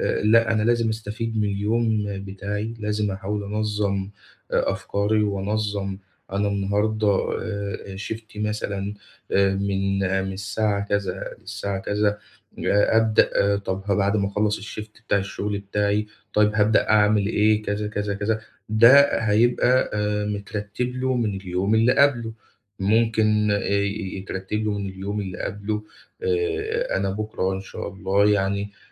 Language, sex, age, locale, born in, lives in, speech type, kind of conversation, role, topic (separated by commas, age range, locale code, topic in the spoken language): Arabic, male, 25-29, ar-EG, Egypt, Egypt, conversation, advice, advisor, إزاي أبدأ أتابع عاداتي اليومية عشان أزود وعيي بنفسي؟